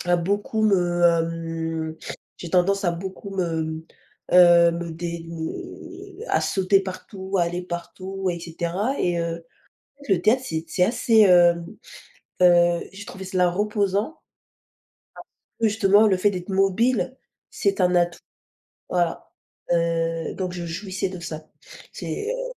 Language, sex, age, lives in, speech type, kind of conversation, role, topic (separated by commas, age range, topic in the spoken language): French, female, 20-24, France, conversation, unstructured, none, Quel est ton meilleur souvenir lié à un passe-temps ?